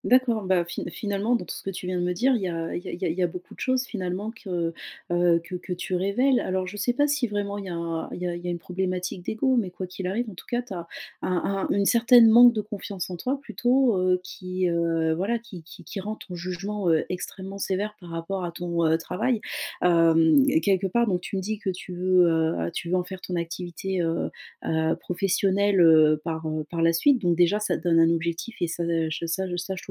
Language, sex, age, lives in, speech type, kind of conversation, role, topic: French, female, 35-39, France, conversation, advice, advisor, Comment accepter l’imperfection pour avancer dans mon travail créatif ?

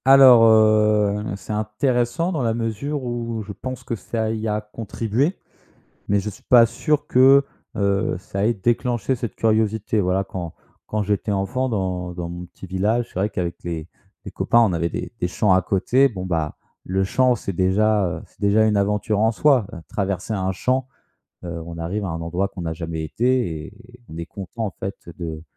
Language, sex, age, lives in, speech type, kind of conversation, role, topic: French, male, 25-29, France, conversation, podcast, guest, Comment restes-tu curieux pendant tes voyages ?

